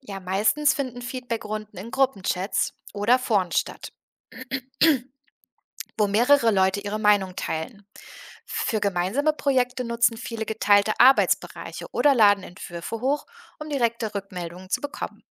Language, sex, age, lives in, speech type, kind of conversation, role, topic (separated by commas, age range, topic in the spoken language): German, female, 30-34, Germany, read, expert-assistant, expert, Kennst du Plattformen, auf denen man digitale Kunst nicht nur sehen, sondern auch mit anderen diskutieren kann?